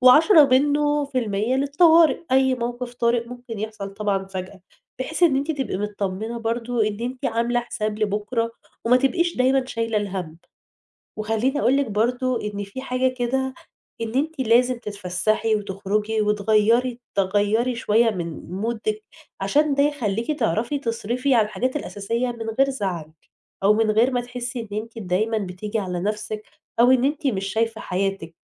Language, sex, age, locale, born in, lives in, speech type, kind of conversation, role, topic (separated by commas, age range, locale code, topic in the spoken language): Arabic, female, 20-24, ar-EG, Egypt, Egypt, conversation, advice, advisor, إزاي أوازن بين إني أصرف على حاجة تفرّحني وبين إني أغطي احتياجاتي الأساسية؟